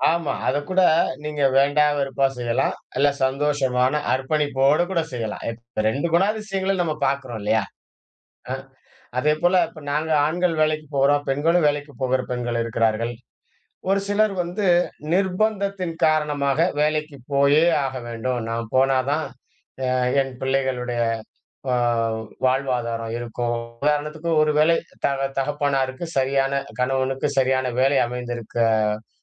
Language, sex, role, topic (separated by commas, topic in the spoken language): Tamil, male, guest, உங்கள் வேலைக்கு அர்த்தம் சேர்ப்பது எப்படி?